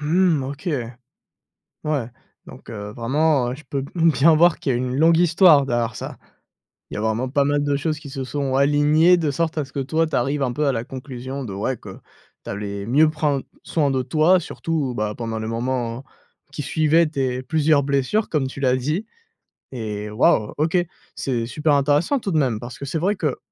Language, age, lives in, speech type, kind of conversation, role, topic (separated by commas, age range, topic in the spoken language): French, 20-24, France, conversation, podcast, host, Comment trouver le bon équilibre entre repos et mouvement après une blessure ?